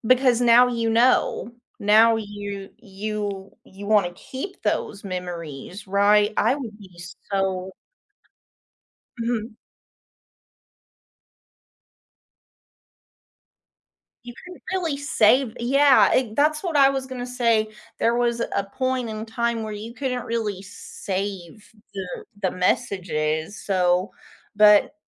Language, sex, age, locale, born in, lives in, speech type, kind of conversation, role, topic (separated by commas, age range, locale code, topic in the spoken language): English, female, 30-34, en-US, United States, United States, conversation, unstructured, none, Would you rather have a day of absolute freedom or a day of absolute comfort?